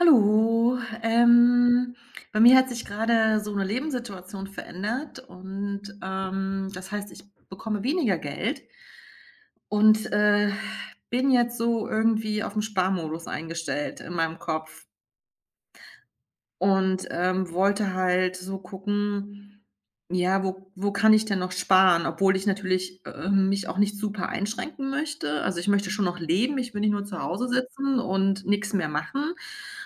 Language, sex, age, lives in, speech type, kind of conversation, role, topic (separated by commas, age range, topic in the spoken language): German, female, 45-49, Germany, conversation, advice, user, Wie kann ich mit der Angst umgehen, beim Sparen etwas zu verpassen?